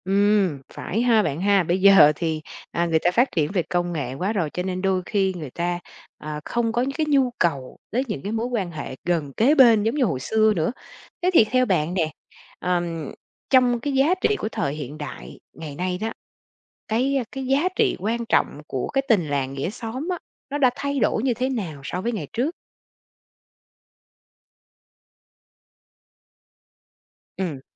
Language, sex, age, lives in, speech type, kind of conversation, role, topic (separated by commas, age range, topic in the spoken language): Vietnamese, female, 45-49, Vietnam, conversation, podcast, host, Bạn nghĩ thế nào về tình làng nghĩa xóm ngày nay?